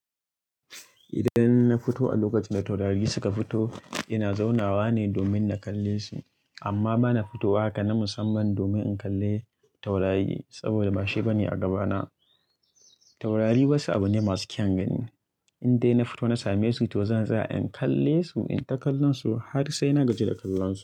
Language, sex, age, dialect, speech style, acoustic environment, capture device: Hausa, male, 25-34, Nigeria - Kano, happy; relaxed; excited; anxious, people talking; nature; silence; music, mobile / Linux / Chrome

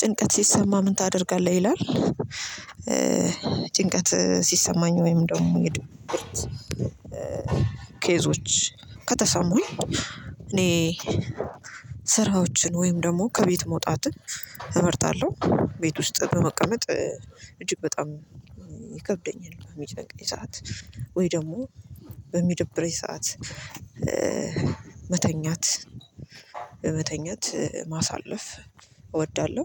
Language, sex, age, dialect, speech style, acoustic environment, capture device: Amharic, female, 25-34, Ethiopia - Addis Ababa, relaxed; focused, silence, mobile / Linux / Chrome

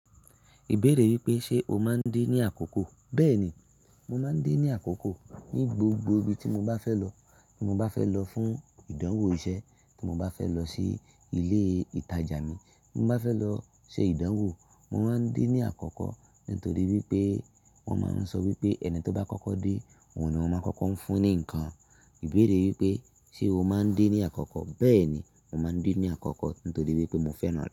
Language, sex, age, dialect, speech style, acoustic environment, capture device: Yoruba, male, 25-34, Nigeria - Ibadan, focused; relaxed, silence; nature, mobile / Linux / Chrome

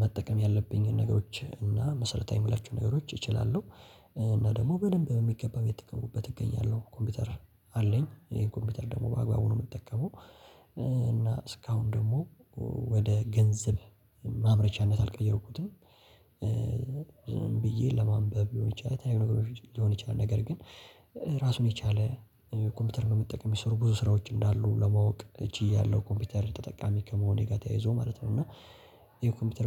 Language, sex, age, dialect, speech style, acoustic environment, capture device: Amharic, male, 18-24, Ethiopia - Addis Ababa, relaxed, silence, mobile / Linux / Chrome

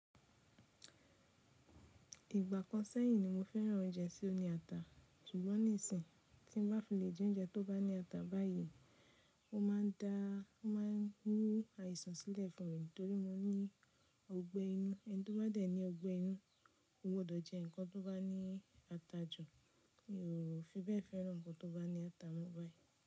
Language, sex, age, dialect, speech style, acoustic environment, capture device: Yoruba, female, 25-34, Nigeria - Nigerian Standard, happy, silence, mobile / Linux / Chrome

